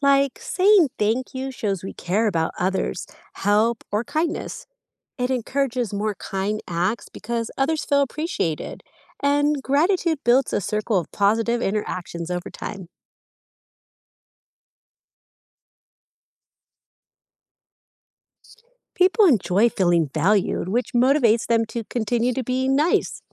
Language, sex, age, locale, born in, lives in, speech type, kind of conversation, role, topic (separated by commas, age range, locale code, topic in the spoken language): English, female, 35-39, en-US, United States, United States, read, expert-assistant, expert, What are the benefits of practicing gratitude?